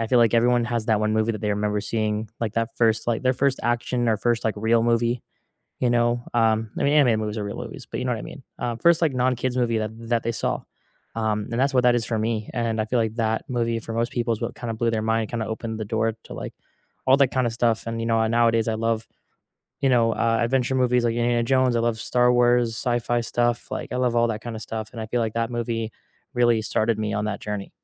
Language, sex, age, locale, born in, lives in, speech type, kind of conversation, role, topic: English, male, 20-24, en-US, United States, United States, conversation, unstructured, none, What was the first movie you saw in a theater that completely blew your mind?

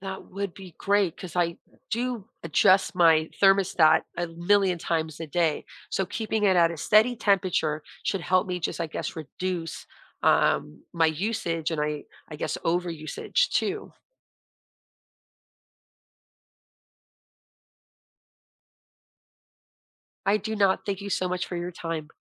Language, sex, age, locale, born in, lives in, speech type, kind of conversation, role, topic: English, female, 40-44, en-US, United States, United States, conversation, advice, user, How can I quickly lower my monthly bills without making major lifestyle sacrifices?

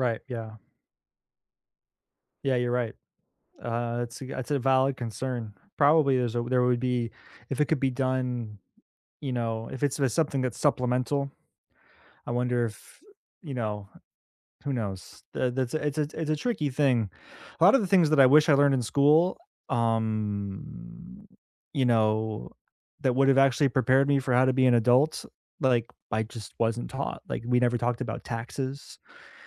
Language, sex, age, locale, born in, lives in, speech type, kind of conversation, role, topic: English, male, 30-34, en-US, United States, United States, conversation, unstructured, none, Should students have more say in what they learn?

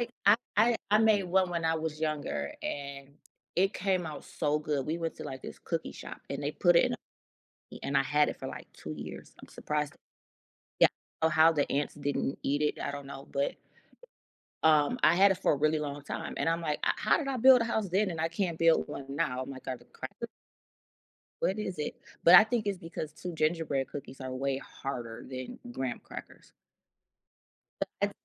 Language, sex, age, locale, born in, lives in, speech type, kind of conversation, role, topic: English, female, 35-39, en-US, United States, United States, conversation, unstructured, none, In what ways do holiday traditions impact your relationships with family members?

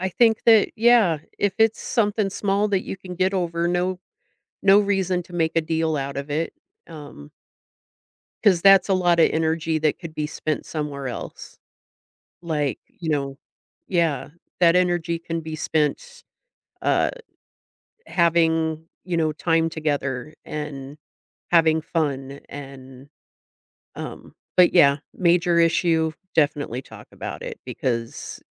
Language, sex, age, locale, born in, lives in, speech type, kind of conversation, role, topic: English, female, 45-49, en-US, United States, United States, conversation, advice, advisor, How can I tell someone what's bothering me without starting an argument?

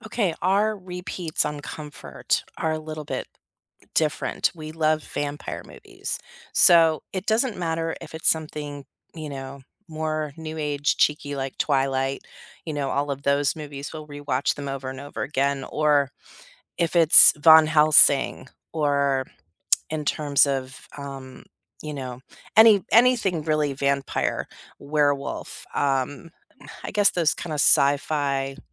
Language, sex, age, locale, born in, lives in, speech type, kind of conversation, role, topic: English, female, 50-54, en-US, United States, United States, conversation, unstructured, none, When you need a pick-me-up, should you rewatch comfort movies or try something new?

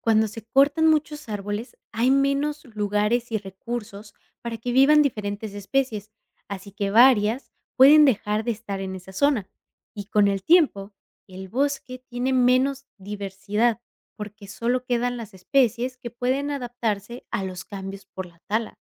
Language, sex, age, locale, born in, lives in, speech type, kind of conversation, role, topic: Spanish, female, 25-29, es-MX, Mexico, United States, read, expert-assistant, expert, Describe cómo la tala de árboles afecta la biodiversidad de un bosque.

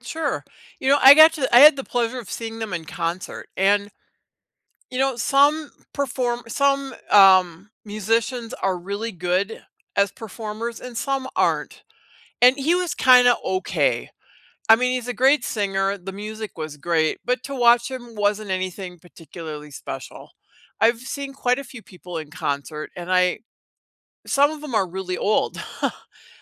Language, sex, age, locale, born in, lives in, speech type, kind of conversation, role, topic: English, female, 60-64, en-US, United States, United States, conversation, unstructured, none, Which song or playlist best reflects how you feel right now, and why does it resonate with you?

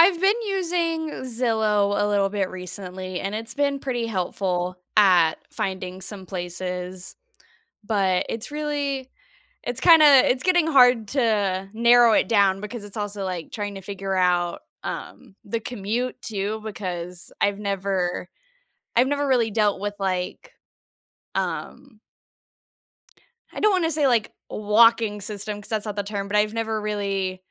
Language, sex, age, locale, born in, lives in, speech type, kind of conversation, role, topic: English, female, 20-24, en-US, United States, United States, conversation, advice, user, How do I find housing and make friends in a new city?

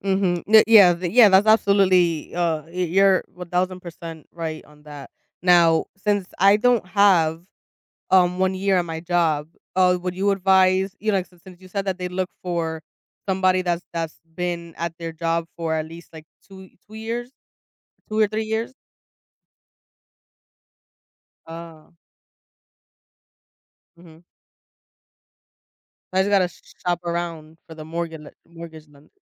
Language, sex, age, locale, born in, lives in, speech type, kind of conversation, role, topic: English, female, 25-29, en-US, United States, United States, conversation, advice, user, Should I buy a home now or keep renting given my current financial situation?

